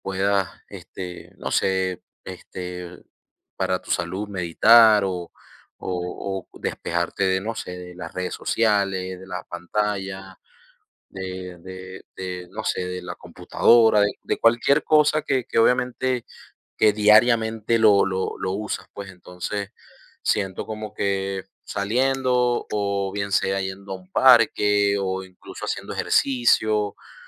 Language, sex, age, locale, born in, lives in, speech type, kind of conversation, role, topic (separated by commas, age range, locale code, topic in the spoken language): Spanish, male, 30-34, es-VE, Venezuela, United States, conversation, unstructured, none, ¿Qué beneficios crees que tiene pasar tiempo en la naturaleza?